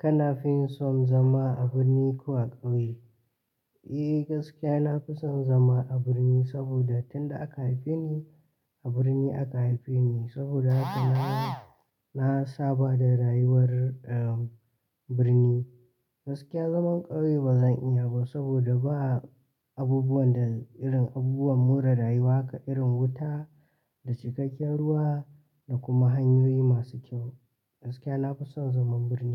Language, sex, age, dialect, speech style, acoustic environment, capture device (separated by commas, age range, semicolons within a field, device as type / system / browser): Hausa, male, 18-24, Nigeria - Katsina, relaxed; excited, silence, mobile / Linux / Chrome